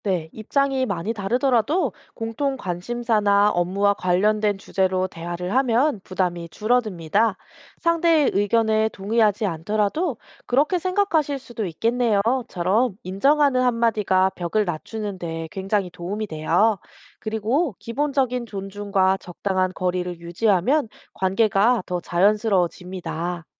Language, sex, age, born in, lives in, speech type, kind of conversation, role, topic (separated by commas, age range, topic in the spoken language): Korean, female, 30-34, South Korea, South Korea, read, expert-assistant, expert, 회사에서 정치 이야기가 나올 때 어떻게 대화를 부드럽게 이어갈 수 있을까요?